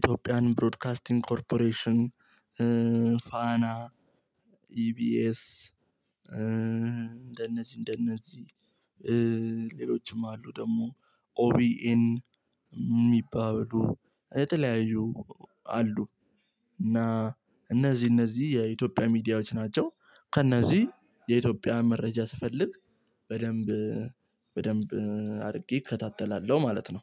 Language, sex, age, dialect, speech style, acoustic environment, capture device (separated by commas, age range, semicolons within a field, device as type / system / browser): Amharic, male, 18-24, Ethiopia - Addis Ababa, relaxed, silence, mobile / Linux / Chrome